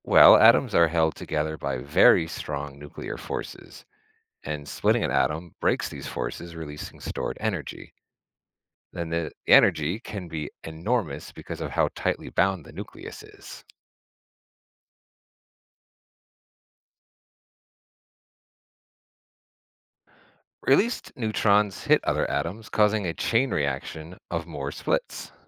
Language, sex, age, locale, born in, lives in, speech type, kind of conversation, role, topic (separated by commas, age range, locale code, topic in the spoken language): English, male, 35-39, en-US, United States, United States, read, expert-assistant, expert, How does a nuclear bomb release so much energy?